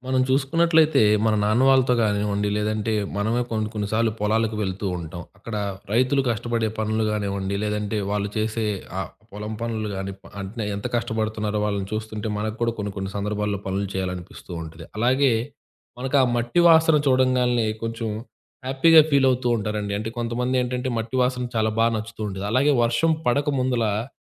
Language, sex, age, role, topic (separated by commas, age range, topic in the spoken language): Telugu, male, 20-24, host, రైతుల పని చూస్తే లేదా మట్టి వాసన వచ్చినప్పుడు మీకు ఏ జ్ఞాపకాలు గుర్తుకొస్తాయి?